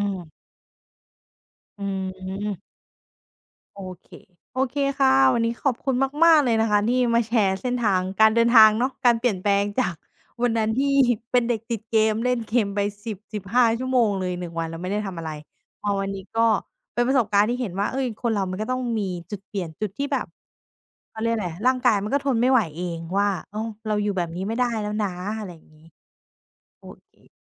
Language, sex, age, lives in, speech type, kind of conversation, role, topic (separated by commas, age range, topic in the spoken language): Thai, female, 30-34, Thailand, conversation, podcast, host, คุณมีวิธีจัดสมดุลงานอดิเรกกับความรับผิดชอบอื่นๆ อย่างไร?